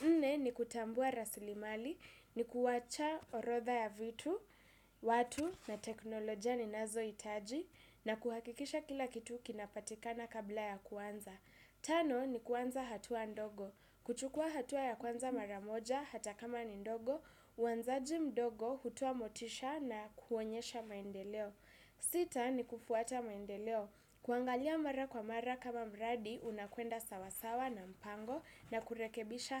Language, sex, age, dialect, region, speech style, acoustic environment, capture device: Swahili, female, 18-24, Kenya - Nairobi Sheng-influenced, Kenya, happy, silence, desktop / Windows / Chrome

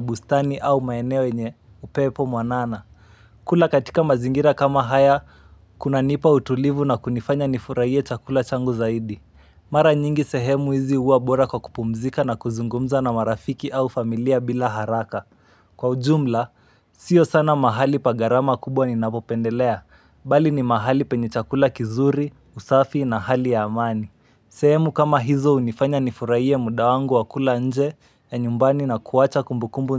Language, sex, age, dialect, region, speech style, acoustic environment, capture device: Swahili, male, 18-24, Kenya - Nairobi Sheng-influenced, Kenya, relaxed, silence, mobile / Linux / Chrome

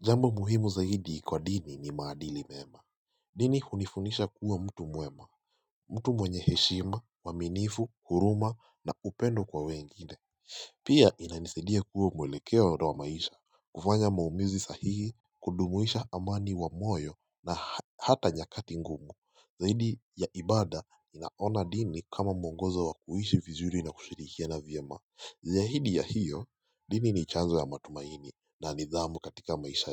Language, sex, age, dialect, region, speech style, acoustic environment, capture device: Swahili, male, 18-24, Kenya - Mombasa, Kenya, relaxed, silence, mobile / Linux / Chrome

